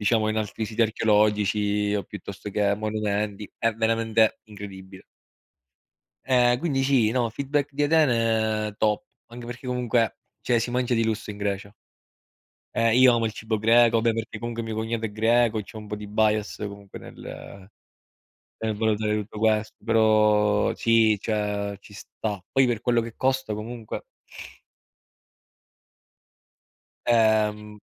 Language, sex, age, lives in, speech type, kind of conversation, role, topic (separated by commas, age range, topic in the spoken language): Italian, male, 30-34, Italy, conversation, unstructured, none, Come scegli la destinazione per una nuova avventura?